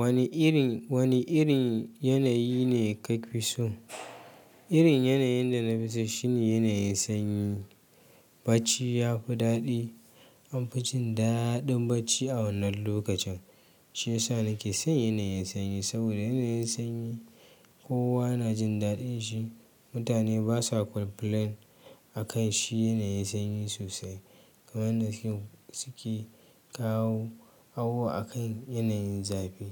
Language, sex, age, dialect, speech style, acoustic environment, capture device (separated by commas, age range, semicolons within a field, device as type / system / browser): Hausa, male, 25-34, Nigeria - Kano, happy, silence; nature, mobile / Linux / Chrome